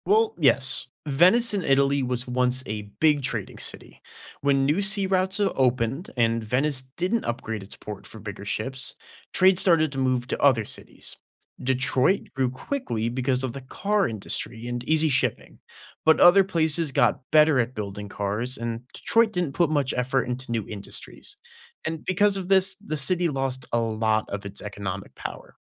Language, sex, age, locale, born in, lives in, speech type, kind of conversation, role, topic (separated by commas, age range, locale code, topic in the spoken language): English, male, 20-24, en-US, United States, United States, read, expert-assistant, expert, Why do some cities become major trade centers?